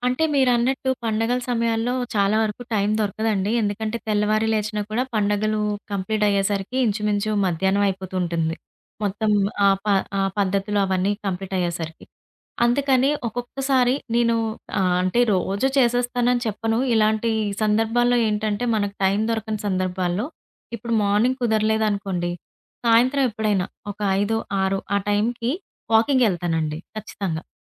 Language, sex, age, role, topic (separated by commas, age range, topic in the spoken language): Telugu, female, 30-34, guest, వ్యాయామాన్ని ప్రతిరోజూ చేయడం ఎలా మొదలుపెట్టాలి?